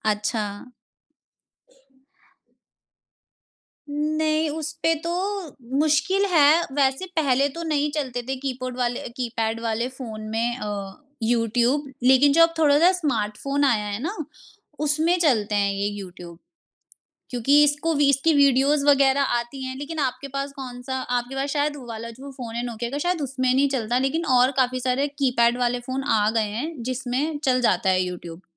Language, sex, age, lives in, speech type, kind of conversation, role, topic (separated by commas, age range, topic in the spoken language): Hindi, female, 25-29, India, conversation, podcast, guest, कौन सी ऐप ने आपकी ज़िंदगी सबसे ज़्यादा आसान बनाई है और क्यों?